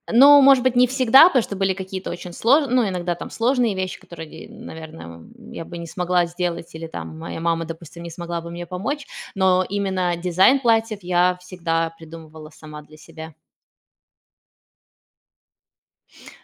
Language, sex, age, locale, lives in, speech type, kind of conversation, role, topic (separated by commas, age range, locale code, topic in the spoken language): Russian, female, 35-39, ru-RU, Spain, conversation, unstructured, none, Как ты видишь себя через десять лет?